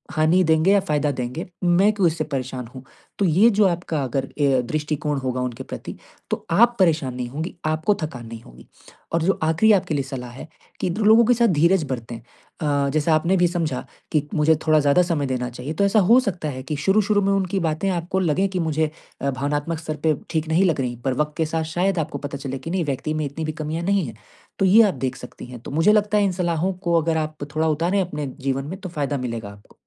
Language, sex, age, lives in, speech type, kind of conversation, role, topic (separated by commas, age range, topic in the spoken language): Hindi, male, 20-24, India, conversation, advice, advisor, त्योहारों और पार्टियों में जाते समय भावनात्मक थकान कम करने के लिए मैं क्या कर सकता/सकती हूँ?